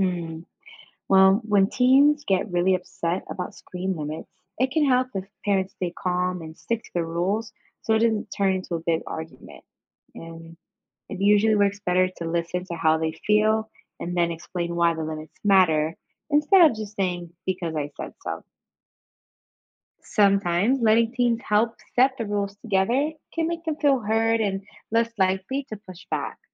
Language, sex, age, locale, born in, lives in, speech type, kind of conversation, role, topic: English, female, 25-29, en-US, United States, United States, read, expert-assistant, expert, What are the effects of screen time on teenagers’ health?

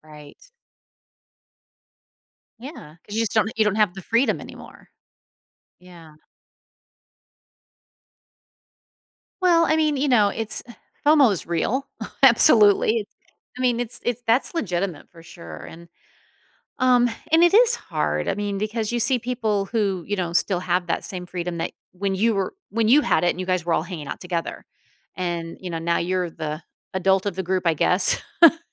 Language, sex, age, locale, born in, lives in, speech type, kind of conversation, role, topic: English, female, 50-54, en-US, United States, United States, conversation, advice, advisor, How can I balance work and personal life without burning out?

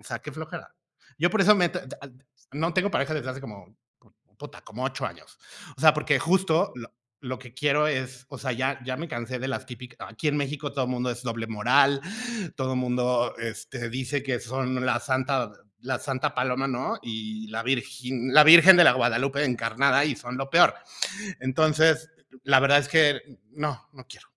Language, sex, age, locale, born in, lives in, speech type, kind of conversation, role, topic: Spanish, male, 45-49, es-MX, Mexico, Mexico, conversation, unstructured, none, ¿Qué importancia tiene la confianza en una relación de pareja?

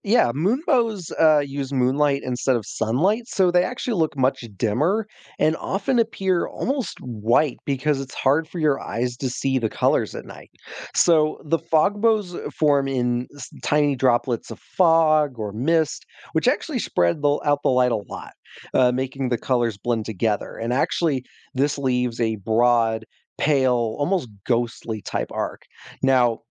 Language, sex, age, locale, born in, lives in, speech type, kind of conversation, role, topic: English, male, 20-24, en-US, United States, United States, read, expert-assistant, expert, What makes a rainbow form after it rains?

